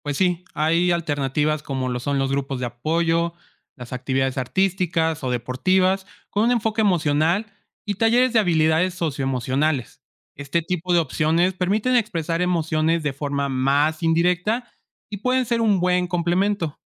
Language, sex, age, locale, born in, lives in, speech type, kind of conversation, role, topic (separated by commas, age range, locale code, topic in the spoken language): Spanish, male, 25-29, es-MX, Mexico, Mexico, read, expert-assistant, expert, ¿En qué se parecen y se diferencian la depresión y la tristeza común?